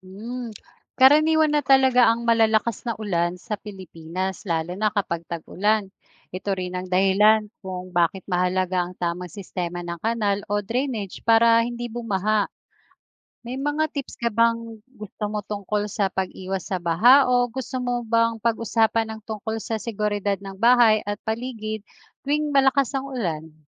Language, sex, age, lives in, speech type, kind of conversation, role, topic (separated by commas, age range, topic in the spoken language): Filipino, female, 40-44, Philippines, read, expert-assistant, expert, Ano ang proseso ng pagbuo ng ulap?